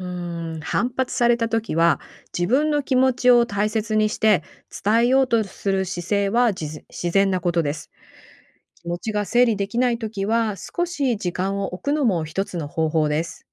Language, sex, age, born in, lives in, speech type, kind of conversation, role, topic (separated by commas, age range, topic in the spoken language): Japanese, female, 45-49, Japan, United States, read, expert-assistant, expert, 意見が違う人と上手く話し合うためのコツは何ですか？